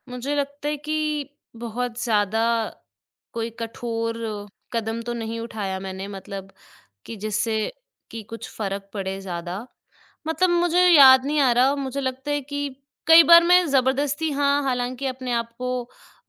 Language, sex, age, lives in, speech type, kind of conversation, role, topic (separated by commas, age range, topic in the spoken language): Hindi, female, 25-29, India, conversation, advice, user, क्या नए लोगों के बीच जाने पर आपको घबराहट महसूस होती है?